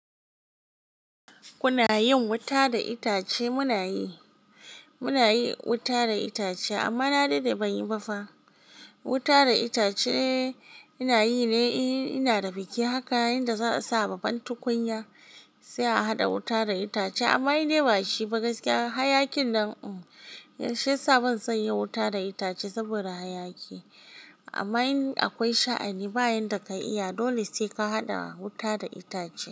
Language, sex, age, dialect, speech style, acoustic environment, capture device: Hausa, female, 18-24, Nigeria - Kano, happy; focused; excited, silence, mobile / Linux / Chrome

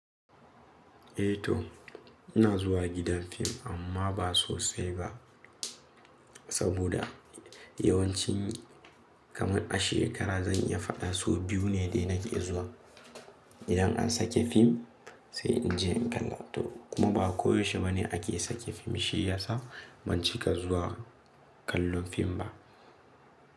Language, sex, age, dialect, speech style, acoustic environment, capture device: Hausa, male, 18-24, Nigeria - Nigerian Standard, nervous; happy, silence, mobile / Linux / Chrome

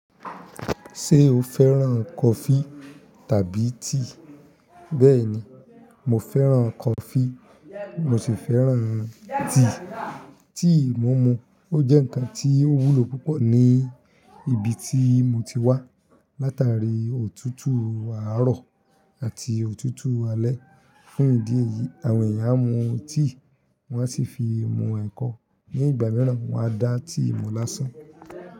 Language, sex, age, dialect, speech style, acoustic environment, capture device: Yoruba, male, 25-34, Nigeria - Ibadan, happy, people talking; silence, mobile / Linux / Chrome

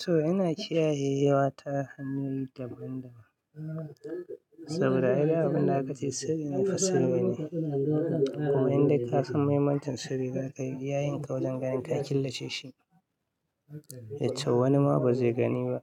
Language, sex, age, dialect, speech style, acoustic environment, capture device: Hausa, male, 25-34, Nigeria - Katsina, happy; anxious; tired; relaxed; stressed; focused; excited; nervous, people talking; music; nature; silence; appliances; construction; traffic, mobile / Linux / Chrome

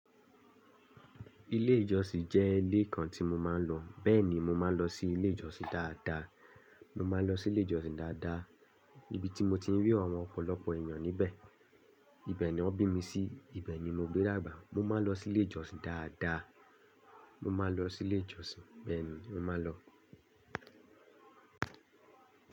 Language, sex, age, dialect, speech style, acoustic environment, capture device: Yoruba, male, 25-34, Nigeria - Lagos Yoruba, happy, nature; silence, desktop / Linux / Chrome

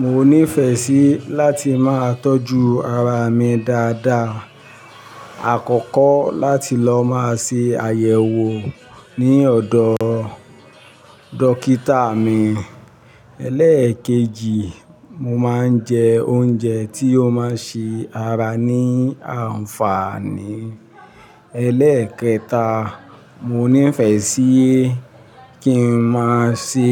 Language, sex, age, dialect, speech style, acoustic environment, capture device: Yoruba, male, 45-54, Nigeria - Ibadan, happy, traffic, mobile / Linux / Chrome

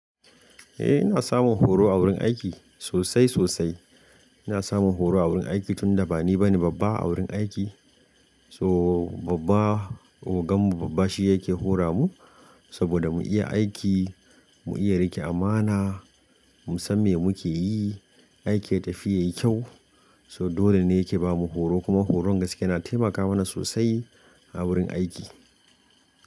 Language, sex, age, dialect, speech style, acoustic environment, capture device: Hausa, male, 25-34, Nigeria - Kano, excited; focused; happy, nature; silence, mobile / Linux / Chrome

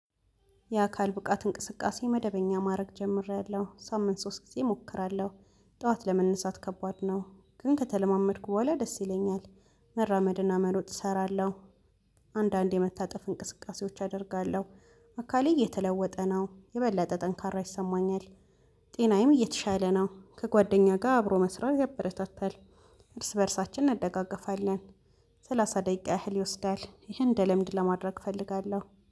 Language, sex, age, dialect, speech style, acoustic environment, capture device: Amharic, female, 25-34, Ethiopia - Addis Ababa, relaxed; focused, nature, mobile / Linux / Chrome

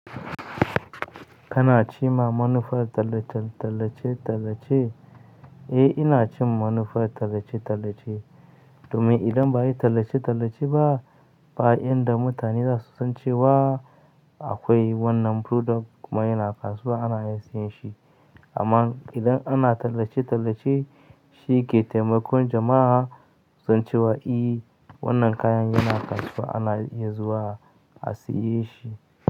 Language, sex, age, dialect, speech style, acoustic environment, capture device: Hausa, male, 25-34, Nigeria - Katsina, happy; excited, silence, mobile / Linux / Chrome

